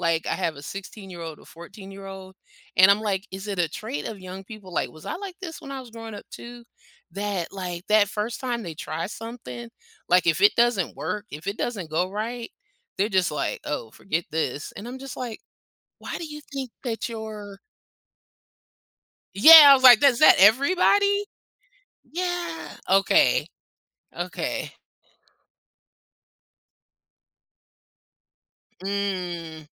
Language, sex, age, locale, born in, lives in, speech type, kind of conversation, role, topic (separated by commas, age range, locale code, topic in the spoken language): English, female, 50-54, en-US, United States, United States, conversation, podcast, host, How can understanding your personality help you discover fulfilling hobbies?